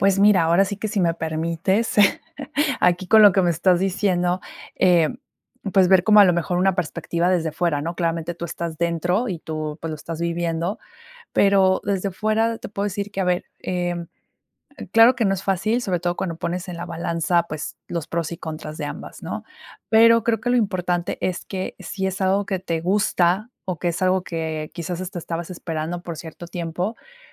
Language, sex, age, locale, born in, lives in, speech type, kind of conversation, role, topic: Spanish, female, 30-34, es-MX, Mexico, Mexico, conversation, advice, advisor, ¿Debería aceptar una oferta laboral con mejor salario si implica más responsabilidades y estrés?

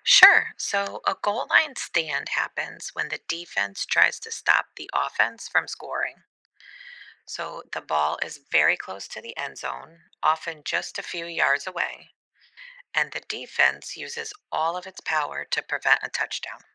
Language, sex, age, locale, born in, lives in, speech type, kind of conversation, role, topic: English, female, 40-44, en-US, United States, United States, read, expert-assistant, expert, Explain how a goal-line stand works in American football.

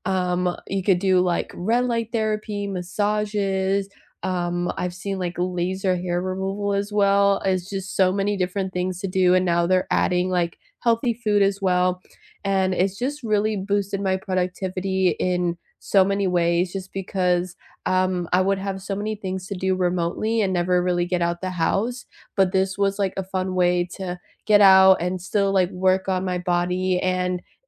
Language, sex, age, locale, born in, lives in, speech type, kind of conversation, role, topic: English, female, 25-29, en-US, United States, United States, conversation, unstructured, none, What tool or app has genuinely boosted your productivity?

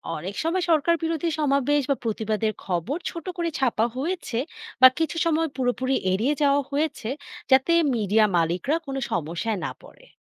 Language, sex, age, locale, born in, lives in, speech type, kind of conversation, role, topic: Bengali, female, 25-29, bn-BD, Bangladesh, Bangladesh, read, expert-assistant, expert, সাংবাদিকতার দায়িত্ব ও চ্যালেঞ্জ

